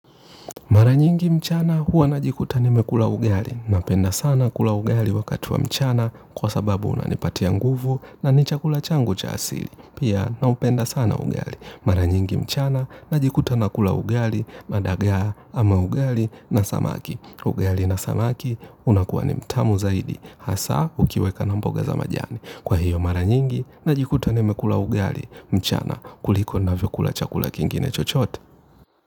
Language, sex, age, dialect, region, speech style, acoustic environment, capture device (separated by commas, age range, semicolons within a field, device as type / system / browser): Swahili, male, 25-34, Kenya - Nairobi Sheng-influenced, Kenya, relaxed; happy, nature, mobile / Linux / Chrome